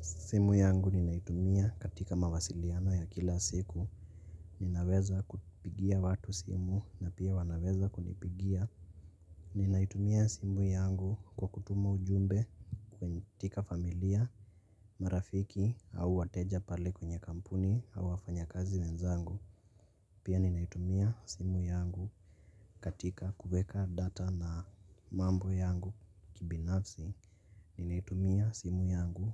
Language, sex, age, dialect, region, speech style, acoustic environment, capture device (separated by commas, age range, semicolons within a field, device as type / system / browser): Swahili, male, 18-24, Kenya - Nairobi Sheng-influenced, Kenya, focused; relaxed, nature, mobile / Linux / Chrome